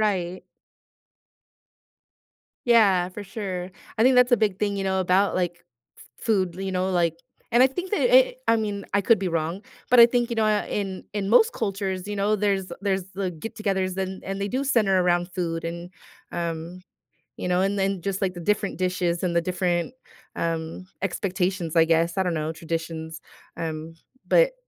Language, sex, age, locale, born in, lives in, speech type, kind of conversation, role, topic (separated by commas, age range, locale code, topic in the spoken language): English, female, 35-39, en-US, United States, United States, conversation, podcast, guest, How does food shape your family traditions?